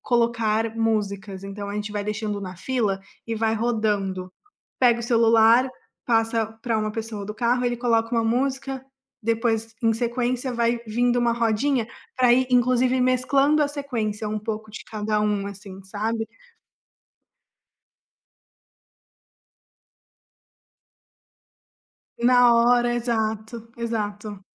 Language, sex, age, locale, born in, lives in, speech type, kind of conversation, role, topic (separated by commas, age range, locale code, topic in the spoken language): Portuguese, female, 25-29, pt-BR, Brazil, Italy, conversation, podcast, guest, Como você monta uma playlist para uma viagem de carro?